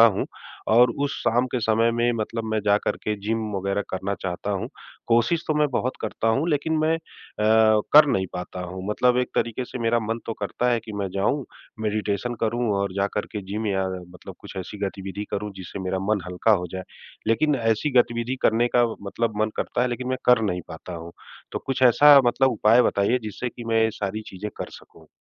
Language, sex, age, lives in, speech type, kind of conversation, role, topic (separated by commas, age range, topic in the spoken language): Hindi, male, 40-44, India, conversation, advice, user, सुबह की खराब आदतों के कारण दिनभर समय गंवाना